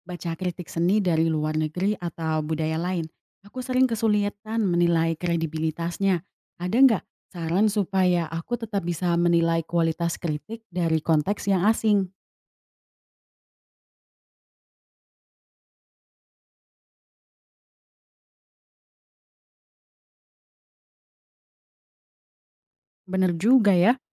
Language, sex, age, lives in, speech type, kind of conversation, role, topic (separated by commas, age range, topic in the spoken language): Indonesian, female, 20-24, Indonesia, read, expert-assistant, asker, Bagaimana Cara Menilai Kredibilitas Kritik Seni?